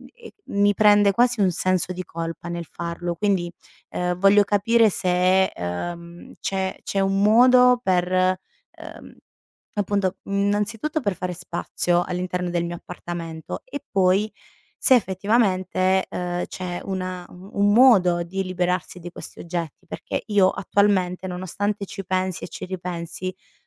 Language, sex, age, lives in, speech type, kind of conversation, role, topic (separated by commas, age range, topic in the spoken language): Italian, female, 30-34, Italy, conversation, advice, user, Difficoltà a liberarmi di oggetti con valore sentimentale